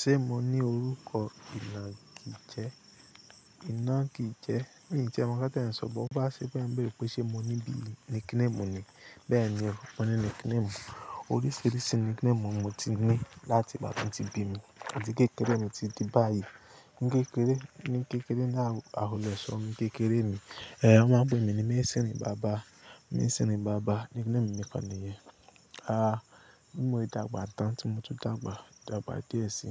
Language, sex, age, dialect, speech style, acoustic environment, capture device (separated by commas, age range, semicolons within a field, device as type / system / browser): Yoruba, male, 25-34, Nigeria - Lagos Yoruba, happy; relaxed, nature; people talking, mobile / Linux / Chrome